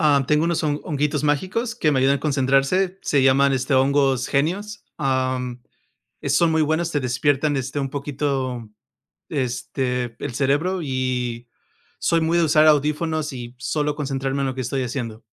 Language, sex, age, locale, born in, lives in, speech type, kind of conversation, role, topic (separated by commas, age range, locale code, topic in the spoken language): Spanish, male, 35-39, es-MX, United States, United States, conversation, unstructured, none, ¿Cómo organizas tu día para ser más productivo?